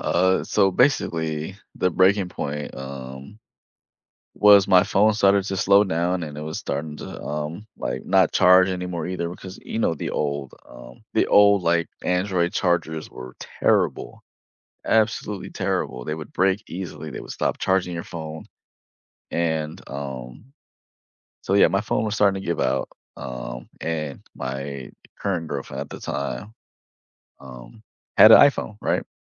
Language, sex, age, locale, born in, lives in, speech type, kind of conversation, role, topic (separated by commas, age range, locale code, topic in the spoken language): English, male, 25-29, en-US, United States, United States, conversation, unstructured, none, What surprised you most when you switched from iOS to Android, and how did it change your everyday life?